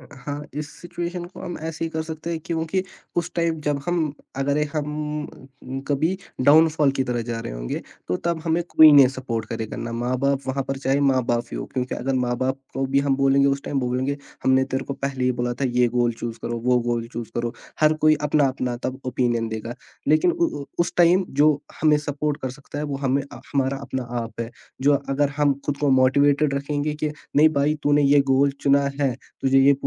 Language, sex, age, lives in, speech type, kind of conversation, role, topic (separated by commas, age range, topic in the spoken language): Hindi, male, 18-19, India, conversation, podcast, guest, Aap apne ghar walon ki ummeedon aur apne personal goals ko kaise balance karte hain?